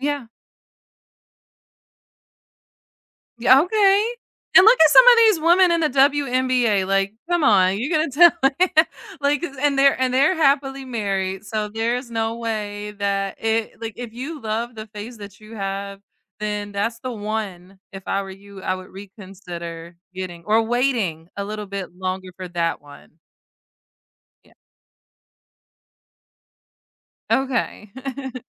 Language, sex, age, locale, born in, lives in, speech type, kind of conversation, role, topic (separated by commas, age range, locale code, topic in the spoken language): English, female, 30-34, en-US, United States, United States, conversation, unstructured, none, What is one goal you want to achieve in the future that scares you?